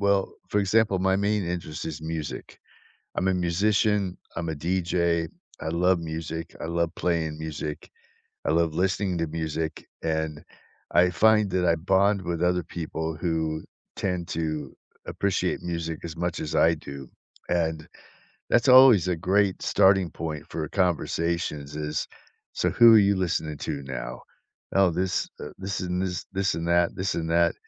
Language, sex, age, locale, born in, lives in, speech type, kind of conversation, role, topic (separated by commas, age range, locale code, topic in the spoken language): English, male, 60-64, en-US, United States, United States, conversation, unstructured, none, Which everyday moments and small habits help you feel genuinely connected to people you see regularly?